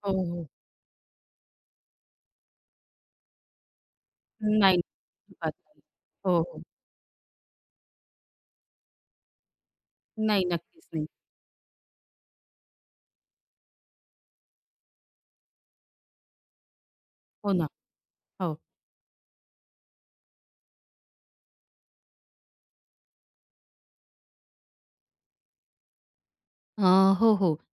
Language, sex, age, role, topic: Marathi, female, 35-39, guest, घरातील लोकांचा तुमच्या संगीतावर काही प्रभाव पडला आहे का?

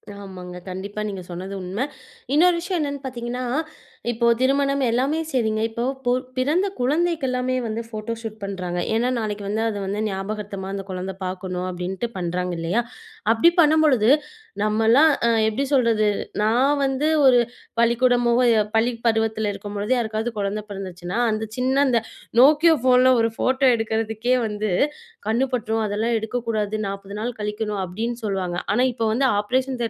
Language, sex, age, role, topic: Tamil, female, 25-29, host, புகைப்படம் எடுக்கும்போது எந்த அம்சங்கள் முக்கியம் என்று நீங்கள் நினைக்கிறீர்கள்?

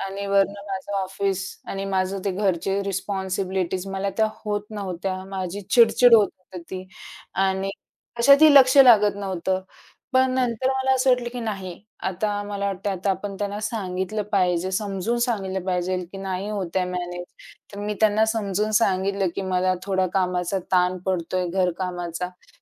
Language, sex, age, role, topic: Marathi, female, 45-49, guest, गप्प राहिल्याने कधी गैरसमज निर्माण होतात असे तुम्हाला वाटते?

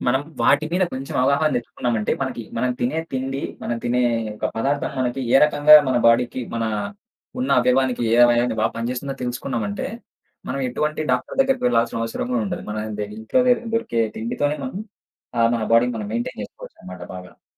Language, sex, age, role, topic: Telugu, male, 25-29, guest, పరిమిత సమయం ఉన్నప్పటికీ ఆరోగ్యంగా జీవించడానికి మీరు పాటించే చిట్కాలు ఏమిటి?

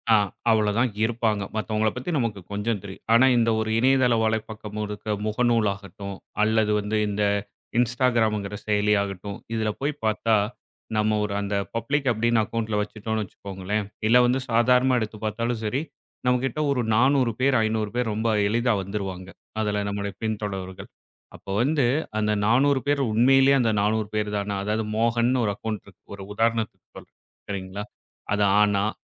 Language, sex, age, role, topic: Tamil, male, 35-39, guest, ஆன்லைனில் உங்கள் தனியுரிமையை பாதுகாக்க நீங்கள் வழக்கமாக கடைப்பிடிக்கும் மூன்று பழக்கங்கள் என்ன?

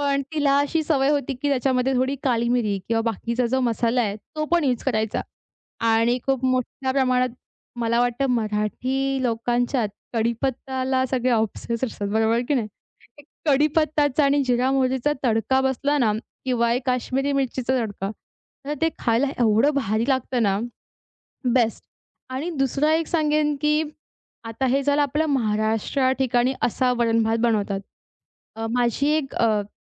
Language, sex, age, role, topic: Marathi, female, 20-24, guest, तुमचं सर्वात आवडतं आणि मनाला दिलासा देणारं घरचं जेवण कोणतं, आणि ते तुम्हाला का इतकं आवडतं?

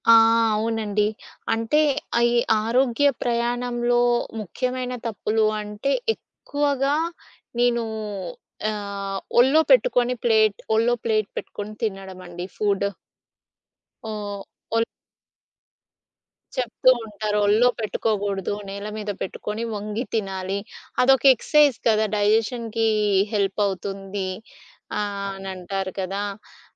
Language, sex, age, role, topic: Telugu, female, 30-34, guest, మీ ఆరోగ్య ప్రయాణంలో తప్పుల నుంచి నేర్చుకున్న ముఖ్యమైన పాఠం ఏమిటి?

